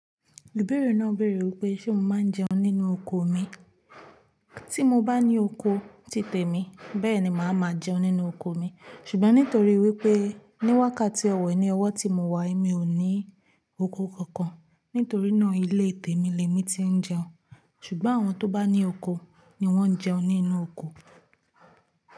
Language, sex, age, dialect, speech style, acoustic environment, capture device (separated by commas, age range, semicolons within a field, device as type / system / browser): Yoruba, female, 18-24, Nigeria - Ibadan, focused, construction, mobile / Linux / Chrome